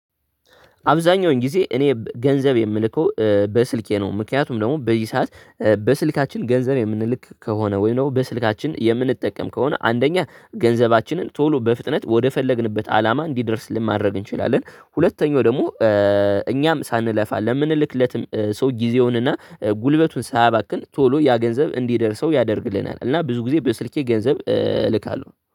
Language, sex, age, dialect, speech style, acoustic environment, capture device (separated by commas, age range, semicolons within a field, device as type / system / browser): Amharic, male, 18-24, Ethiopia - Addis Ababa, happy; relaxed; tired, nature, desktop / Linux / Chrome